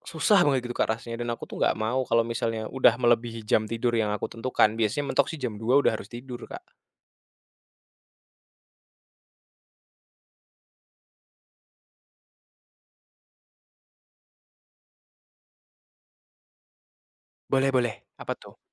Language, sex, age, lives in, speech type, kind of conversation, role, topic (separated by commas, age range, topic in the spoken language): Indonesian, male, 20-24, Indonesia, conversation, advice, user, Bagaimana cara menemukan waktu setiap hari untuk merawat diri di tengah jadwal yang padat?